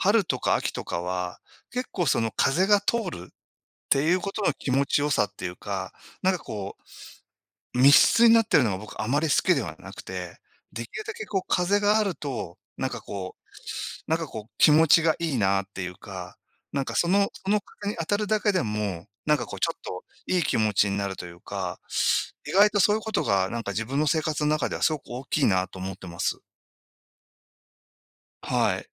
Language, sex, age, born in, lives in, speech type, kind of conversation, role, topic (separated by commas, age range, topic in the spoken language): Japanese, male, 60-64, Japan, Japan, conversation, unstructured, none, 自然環境は私たちの暮らしにどのような影響を与えていますか？